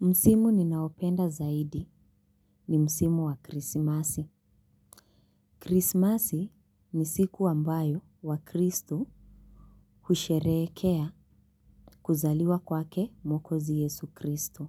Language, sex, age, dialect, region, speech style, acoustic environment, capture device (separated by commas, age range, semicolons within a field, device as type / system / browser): Swahili, female, 25-34, Kenya - Mombasa, Kenya, relaxed; excited, nature, mobile / Linux / Chrome